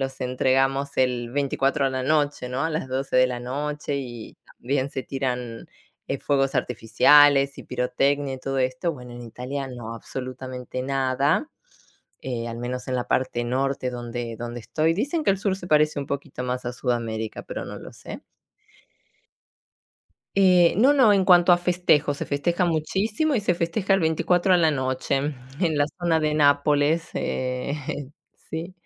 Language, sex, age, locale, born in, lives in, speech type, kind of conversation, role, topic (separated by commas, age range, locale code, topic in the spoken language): Spanish, female, 45-49, es-AR, Argentina, Italy, conversation, unstructured, none, ¿Has participado en alguna tradición cultural que no sea la tuya?